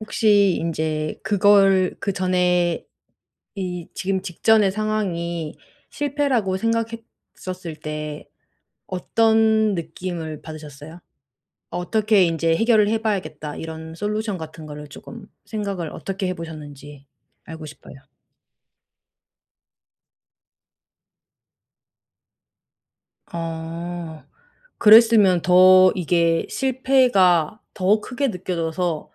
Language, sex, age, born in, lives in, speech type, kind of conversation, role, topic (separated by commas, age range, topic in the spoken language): Korean, female, 35-39, South Korea, Germany, conversation, advice, advisor, 실수나 실패를 두려워하지 않도록 어떻게 마음을 바꿀 수 있나요?